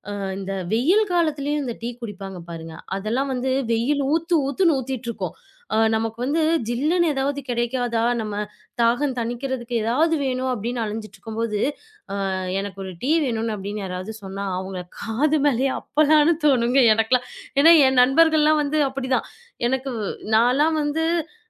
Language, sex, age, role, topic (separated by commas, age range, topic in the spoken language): Tamil, female, 25-29, host, உங்கள் தினசரி தேநீர் அல்லது காபி குடிக்கும் பழக்கம் உங்களுக்கு என்ன நன்மை தருகிறது?